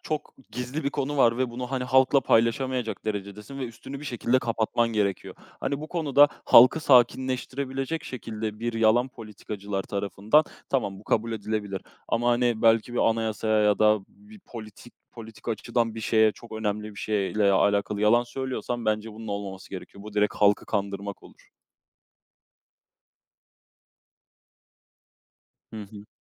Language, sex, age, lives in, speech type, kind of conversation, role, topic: Turkish, male, 20-24, Poland, conversation, unstructured, none, Yalan söylemek her zaman kötü müdür?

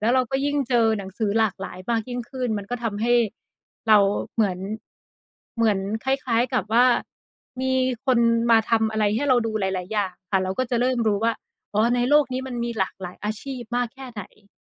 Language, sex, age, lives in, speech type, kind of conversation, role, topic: Thai, female, 30-34, Thailand, conversation, unstructured, none, ถ้าไม่มีข้อจำกัดเรื่องเงิน คุณอยากทำอะไรในชีวิต?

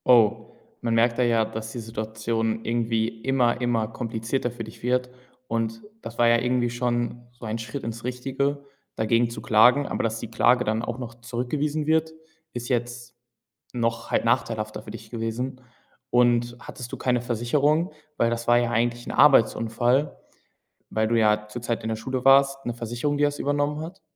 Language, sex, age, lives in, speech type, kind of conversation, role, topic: German, male, 18-19, Germany, conversation, podcast, host, Kannst du von einer Niederlage erzählen, aus der du viel gelernt hast?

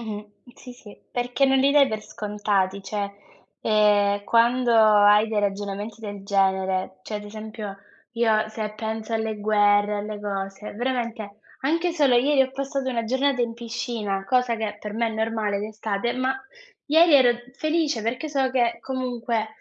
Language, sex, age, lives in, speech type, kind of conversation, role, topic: Italian, female, 20-24, Italy, conversation, unstructured, none, Cosa significa per te essere felice?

